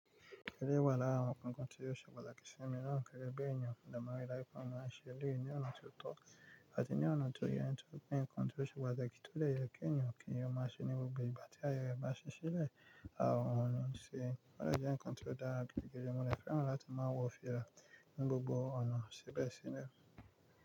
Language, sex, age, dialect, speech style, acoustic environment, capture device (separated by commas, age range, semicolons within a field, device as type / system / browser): Yoruba, male, 25-34, Nigeria - Nigerian Standard, relaxed, music, mobile / Linux / Chrome